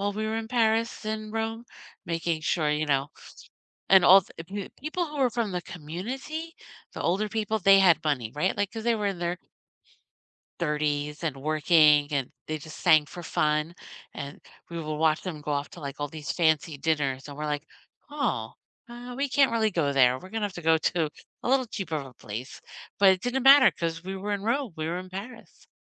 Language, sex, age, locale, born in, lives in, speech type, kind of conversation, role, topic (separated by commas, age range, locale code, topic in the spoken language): English, female, 50-54, en-US, United States, United States, conversation, unstructured, none, Which field trips changed how you saw the world, and why did they matter to you?